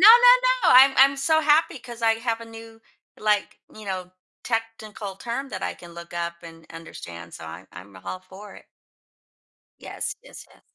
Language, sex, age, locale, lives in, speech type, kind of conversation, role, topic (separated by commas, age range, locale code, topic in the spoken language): English, female, 55-59, en-US, United States, conversation, advice, user, How can I build consistent self-care habits that fit my daily routine?